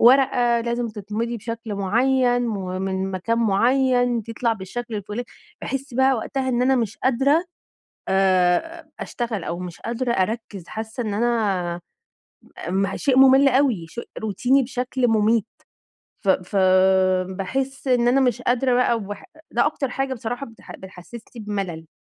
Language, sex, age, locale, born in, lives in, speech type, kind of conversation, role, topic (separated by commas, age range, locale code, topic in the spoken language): Arabic, female, 35-39, ar-EG, Egypt, Egypt, conversation, advice, user, إزاي أتعلم أستخدم الملل علشان أزوّد تركيزي وأنا شغال؟